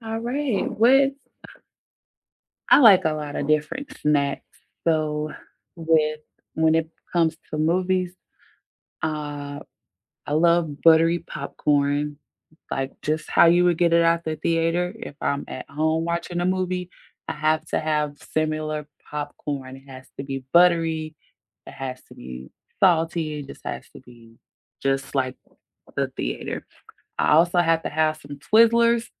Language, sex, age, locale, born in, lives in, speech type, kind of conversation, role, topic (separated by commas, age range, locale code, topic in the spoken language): English, female, 30-34, en-US, United States, United States, conversation, unstructured, none, What snacks make movie night perfect for you, and what movie-theater hot takes spark friendly debates?